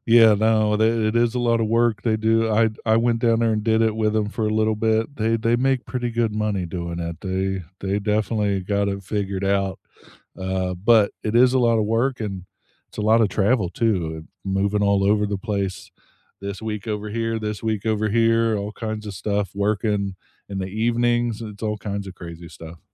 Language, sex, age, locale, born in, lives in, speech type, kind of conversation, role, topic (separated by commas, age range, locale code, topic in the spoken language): English, male, 35-39, en-US, United States, United States, conversation, unstructured, none, What are your favorite ways to stay active with friends and feel more connected?